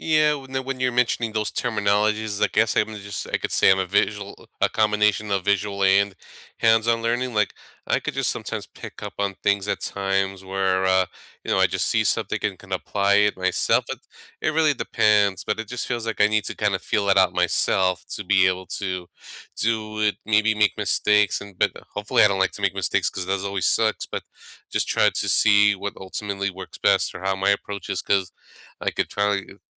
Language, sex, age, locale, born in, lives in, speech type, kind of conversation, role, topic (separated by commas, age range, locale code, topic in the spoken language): English, male, 35-39, en-US, United States, United States, conversation, unstructured, none, What study habits have actually worked for you?